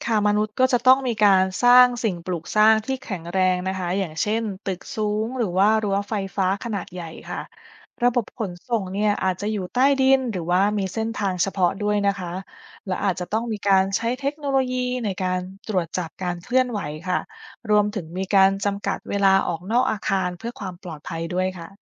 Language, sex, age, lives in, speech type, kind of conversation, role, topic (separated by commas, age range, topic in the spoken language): Thai, female, 30-34, United States, read, expert-assistant, expert, ถ้าไดโนเสาร์ไม่สูญพันธุ์และยังมีชีวิตอยู่จนถึงปัจจุบัน การวิวัฒนาการของสัตว์และมนุษย์จะเป็นอย่างไร?